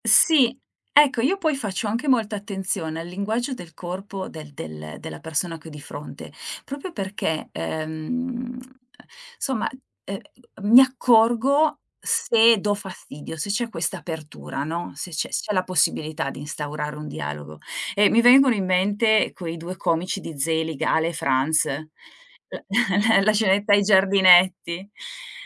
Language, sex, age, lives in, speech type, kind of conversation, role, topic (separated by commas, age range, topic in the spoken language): Italian, female, 45-49, Italy, conversation, podcast, guest, Come inizi di solito una conversazione con uno sconosciuto?